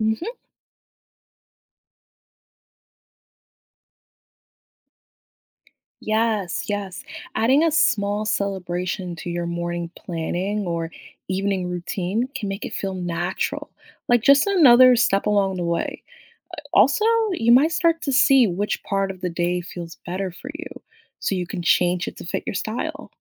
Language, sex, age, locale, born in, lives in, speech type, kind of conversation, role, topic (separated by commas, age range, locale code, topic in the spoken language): English, female, 25-29, en-US, United States, United States, read, expert-assistant, expert, What are some ways to celebrate small achievements?